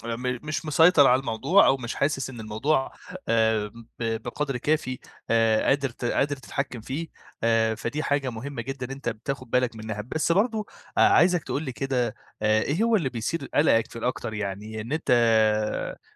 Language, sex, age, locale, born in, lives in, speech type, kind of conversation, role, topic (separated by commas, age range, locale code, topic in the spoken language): Arabic, male, 25-29, ar-EG, Egypt, Egypt, conversation, advice, advisor, إزاي أتغلب على قلق السفر وأتعود أستكشف أماكن جديدة؟